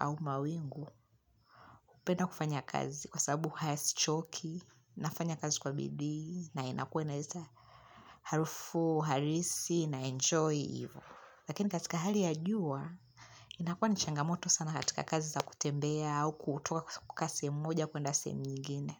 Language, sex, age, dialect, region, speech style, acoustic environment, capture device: Swahili, female, 25-34, Tanzania - Dar es Salaam, Tanzania, happy, nature; music, mobile / Linux / Chrome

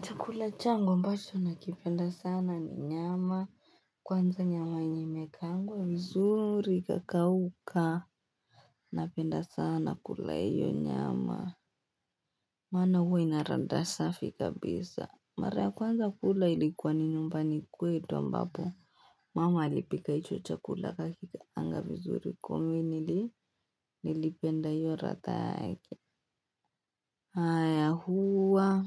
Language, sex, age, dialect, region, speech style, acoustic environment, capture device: Swahili, female, 18-24, Kenya - Nairobi Sheng-influenced, Kenya, anxious, people talking, desktop / Linux / Chrome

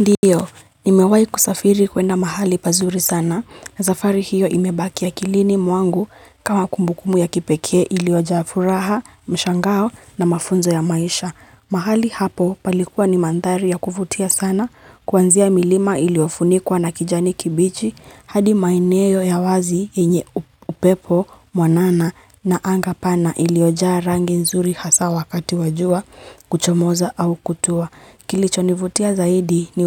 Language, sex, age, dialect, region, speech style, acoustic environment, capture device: Swahili, female, 25-34, Kenya - Nairobi Sheng-influenced, Kenya, happy, silence, mobile / Linux / Chrome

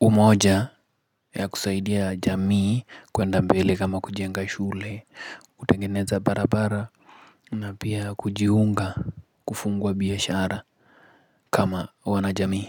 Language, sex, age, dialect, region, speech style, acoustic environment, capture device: Swahili, male, 25-34, Kenya - Nairobi Sheng-influenced, Kenya, relaxed; focused, nature, mobile / Linux / Chrome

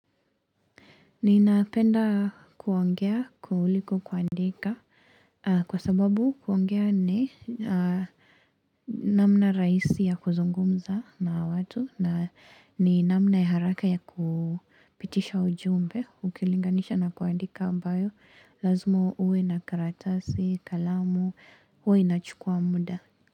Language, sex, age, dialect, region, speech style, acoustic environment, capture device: Swahili, female, 18-24, Kenya - Mombasa, Kenya, relaxed, nature; silence, mobile / Linux / Chrome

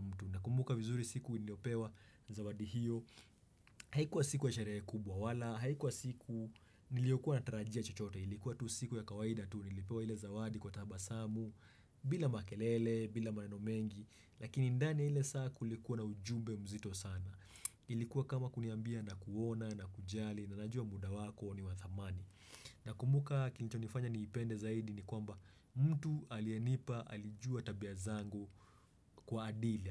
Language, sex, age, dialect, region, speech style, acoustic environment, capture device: Swahili, male, 25-34, Kenya - Nairobi Sheng-influenced, Kenya, happy; relaxed; focused; excited, silence, desktop / Windows / Chrome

